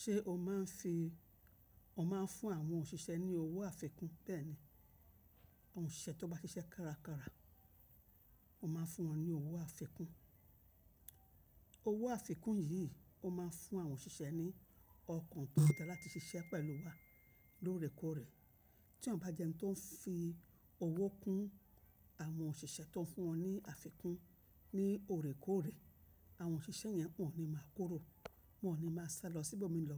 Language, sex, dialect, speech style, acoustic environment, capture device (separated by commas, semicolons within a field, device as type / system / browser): Yoruba, female, Nigeria - Lagos Yoruba, relaxed, nature, mobile / macOS / Safari